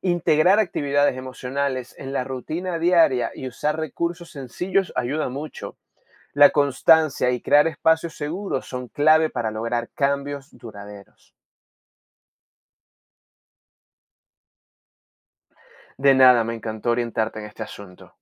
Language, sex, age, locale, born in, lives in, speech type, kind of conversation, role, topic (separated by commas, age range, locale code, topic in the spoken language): Spanish, male, 30-34, es-VE, Venezuela, Spain, read, expert-assistant, expert, ¿Por qué es importante enseñar inteligencia emocional y manejo del estrés desde la infancia?